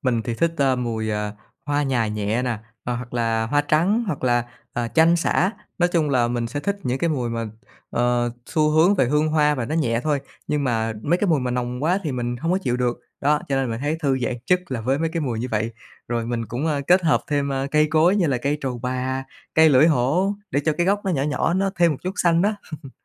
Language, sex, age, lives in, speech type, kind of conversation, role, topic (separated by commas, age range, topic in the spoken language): Vietnamese, male, 30-34, Vietnam, conversation, podcast, guest, Góc thư giãn bạn yêu thích nhất trong nhà bạn là gì?